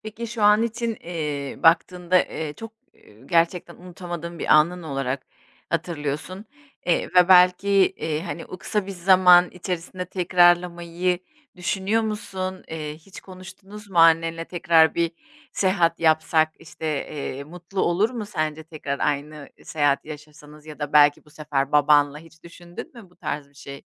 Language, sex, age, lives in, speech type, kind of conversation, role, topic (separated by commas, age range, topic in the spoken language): Turkish, female, 40-44, Spain, conversation, podcast, host, Ailenle yaşadığın unutulmaz bir anıyı paylaşır mısın?